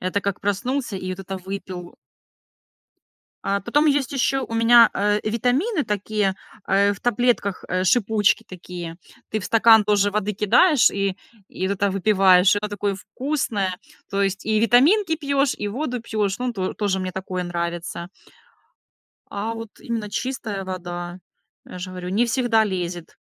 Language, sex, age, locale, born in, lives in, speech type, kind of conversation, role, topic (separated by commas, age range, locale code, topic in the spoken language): Russian, female, 30-34, ru-RU, Ukraine, Spain, conversation, unstructured, none, Как вы относитесь к здоровому питанию в повседневной жизни?